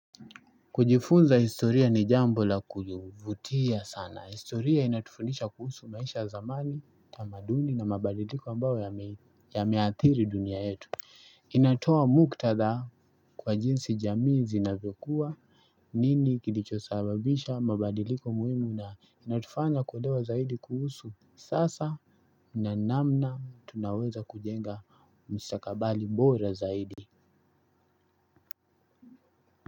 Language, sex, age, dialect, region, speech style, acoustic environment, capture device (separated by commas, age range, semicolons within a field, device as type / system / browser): Swahili, male, 25-34, Kenya - Mombasa, Kenya, tired; focused, music; nature; appliances, desktop / Linux / Chrome